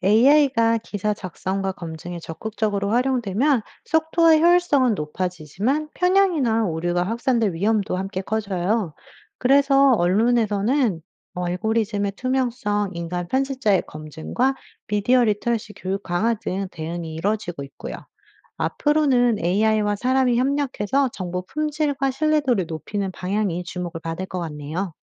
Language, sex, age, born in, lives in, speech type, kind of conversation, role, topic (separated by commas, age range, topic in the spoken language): Korean, female, 35-39, United States, United States, read, expert-assistant, expert, 뉴스가 어떻게 만들어지고 우리에게 전달되는지 설명해 주세요.